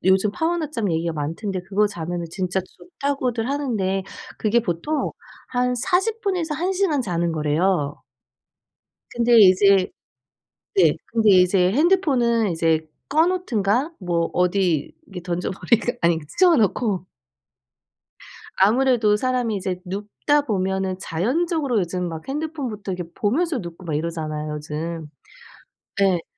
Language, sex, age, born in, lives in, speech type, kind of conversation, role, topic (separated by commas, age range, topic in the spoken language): Korean, female, 40-44, South Korea, United States, conversation, advice, advisor, 짧은 낮잠이 집중력과 생산성을 높이는 데 도움이 될까요?